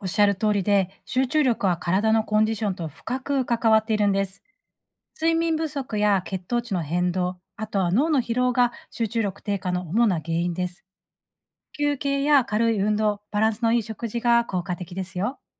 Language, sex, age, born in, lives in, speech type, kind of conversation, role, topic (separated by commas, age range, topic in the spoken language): Japanese, female, 40-44, Japan, Japan, read, expert-assistant, expert, 長時間の勉強でも集中力を保つコツは、体の働きと関係していますか？